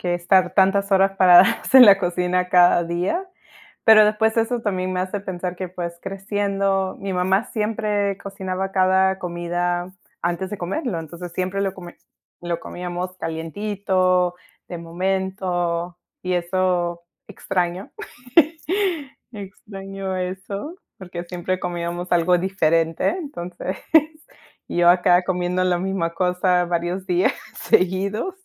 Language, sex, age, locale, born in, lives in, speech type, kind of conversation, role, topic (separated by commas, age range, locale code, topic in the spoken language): Spanish, female, 30-34, es-PE, United States, United States, conversation, unstructured, none, ¿Qué comida casera te trae los mejores recuerdos?